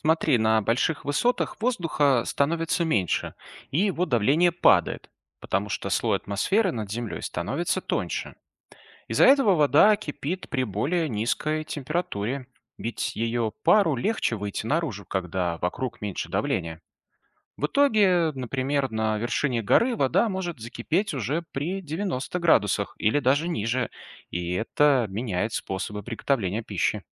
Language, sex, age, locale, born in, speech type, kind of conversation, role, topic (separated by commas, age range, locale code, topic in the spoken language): Russian, male, 35-39, ru-RU, Belarus, read, expert-assistant, expert, Почему вода кипит при 100 градусах?